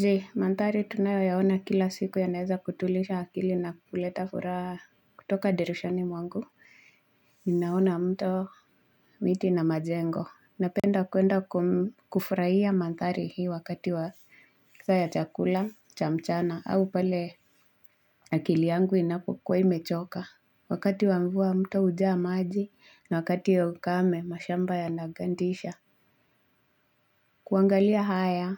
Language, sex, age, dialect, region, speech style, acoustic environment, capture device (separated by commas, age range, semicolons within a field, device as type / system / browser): Swahili, female, 25-34, Kenya - Nairobi Sheng-influenced, Kenya, relaxed, nature, mobile / Linux / Chrome